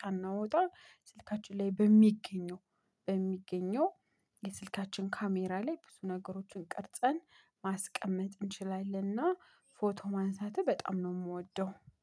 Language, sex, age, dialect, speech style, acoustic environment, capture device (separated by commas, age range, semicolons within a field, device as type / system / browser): Amharic, female, 25-34, Ethiopia - Addis Ababa, happy, people talking, mobile / Linux / Chrome